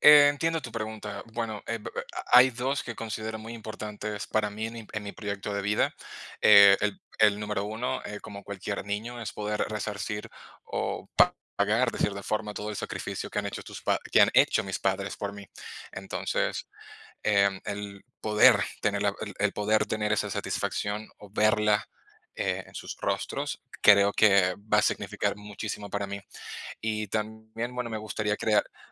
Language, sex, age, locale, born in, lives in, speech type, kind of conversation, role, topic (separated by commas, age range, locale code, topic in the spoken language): Spanish, male, 30-34, es-VE, Venezuela, Spain, conversation, unstructured, none, ¿Cuáles son tus sueños para el futuro?